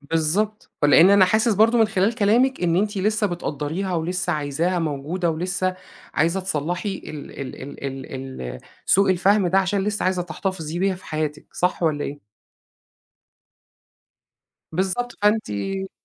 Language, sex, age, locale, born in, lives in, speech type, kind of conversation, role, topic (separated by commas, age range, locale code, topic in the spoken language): Arabic, male, 40-44, ar-EG, Egypt, Egypt, conversation, advice, advisor, إزاي أتعامل مع صديق بيطلع أسراري وبيخون ثقتي؟